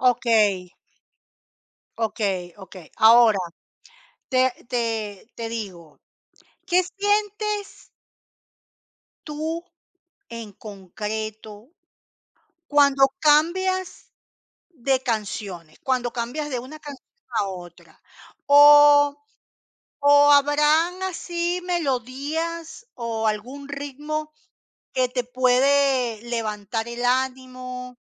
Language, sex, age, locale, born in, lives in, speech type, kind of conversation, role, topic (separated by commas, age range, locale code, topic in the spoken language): Spanish, female, 50-54, es-CL, Venezuela, Portugal, conversation, podcast, host, ¿Cómo influye la música en tu estado de ánimo?